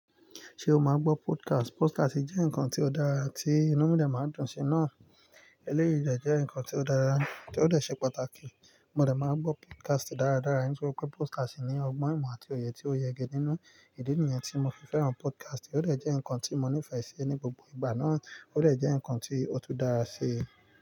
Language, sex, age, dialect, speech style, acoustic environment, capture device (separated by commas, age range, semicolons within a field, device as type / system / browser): Yoruba, male, 25-34, Nigeria - Nigerian Standard, relaxed, nature, mobile / Linux / Chrome